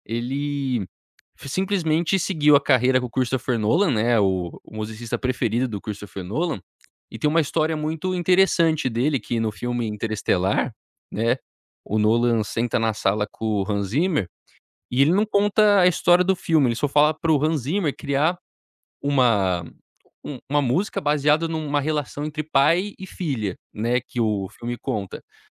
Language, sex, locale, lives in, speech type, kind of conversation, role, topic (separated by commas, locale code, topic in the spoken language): Portuguese, male, pt-BR, United States, conversation, podcast, guest, Se a sua vida fosse um filme, qual seria a trilha sonora?